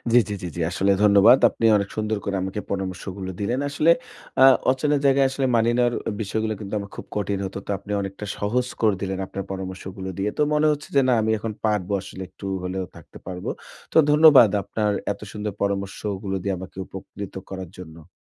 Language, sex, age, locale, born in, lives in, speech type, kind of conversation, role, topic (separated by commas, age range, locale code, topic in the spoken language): Bengali, male, 25-29, bn-BD, Bangladesh, Bangladesh, conversation, advice, user, অচেনা জায়গায় মানিয়ে নেওয়ার সবচেয়ে ভালো উপায় কী?